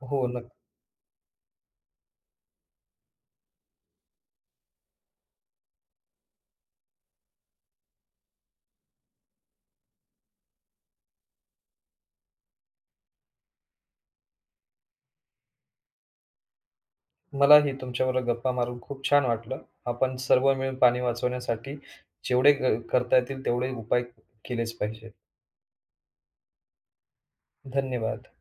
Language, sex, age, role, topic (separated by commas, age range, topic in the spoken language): Marathi, male, 35-39, host, घरात पाणी वाचवण्यासाठी कोणते सोपे उपाय करता येतील?